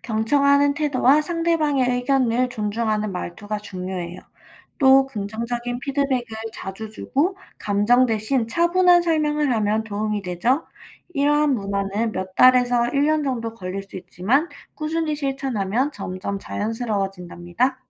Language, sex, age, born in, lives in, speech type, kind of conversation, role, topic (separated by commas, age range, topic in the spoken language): Korean, female, 25-29, South Korea, United States, read, expert-assistant, expert, 말투나 억양이 다른 사람들과 소통할 때 서로 오해하지 않게 대화를 어떻게 조율할 수 있을까요?